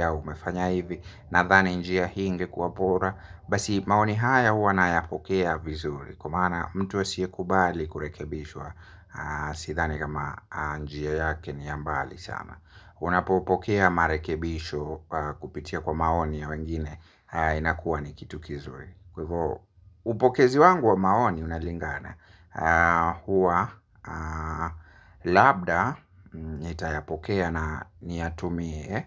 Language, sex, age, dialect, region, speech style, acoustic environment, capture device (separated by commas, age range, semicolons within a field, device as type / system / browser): Swahili, male, 25-34, Kenya - Nairobi Sheng-influenced, Kenya, happy; relaxed; focused; excited, nature; silence, mobile / Linux / Chrome